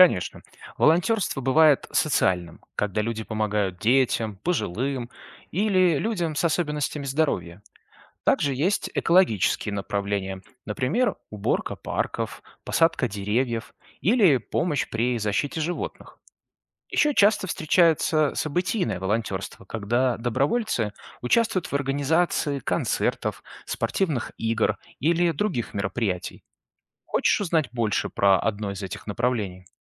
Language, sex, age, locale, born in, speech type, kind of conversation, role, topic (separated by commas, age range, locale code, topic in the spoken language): Russian, male, 35-39, ru-RU, Belarus, read, expert-assistant, expert, Зачем нужны волонтёры в обществе